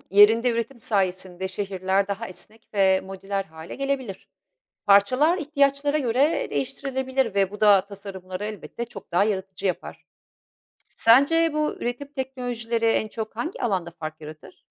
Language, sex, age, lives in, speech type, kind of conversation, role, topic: Turkish, female, 50-54, Italy, read, expert-assistant, expert, Uzayda yaşam kurmak dediğimizde tam olarak neyi kastediyoruz?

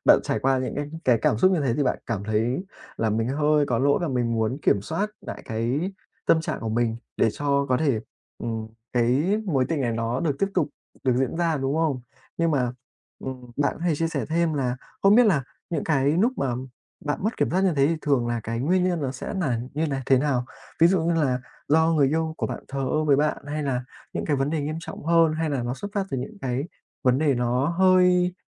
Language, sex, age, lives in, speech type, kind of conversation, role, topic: Vietnamese, male, 20-24, Vietnam, conversation, advice, advisor, Làm sao để kiểm soát cảm xúc khi tranh cãi với người yêu để tránh làm tổn thương nhau?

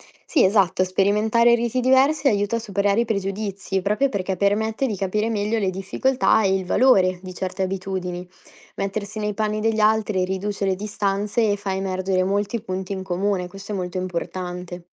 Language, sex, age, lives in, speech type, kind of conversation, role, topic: Italian, female, 20-24, Italy, read, expert-assistant, expert, Cosa cambierebbe nella tua vita quotidiana se dovessi seguire i riti di una religione diversa dalla tua per una settimana?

